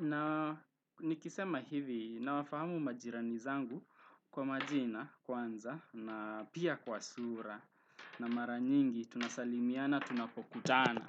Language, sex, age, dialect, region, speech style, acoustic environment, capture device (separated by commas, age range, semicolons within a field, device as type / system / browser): Swahili, male, 18-24, Kenya - Nairobi Sheng-influenced, Kenya, happy; relaxed, traffic, desktop / Windows / Chrome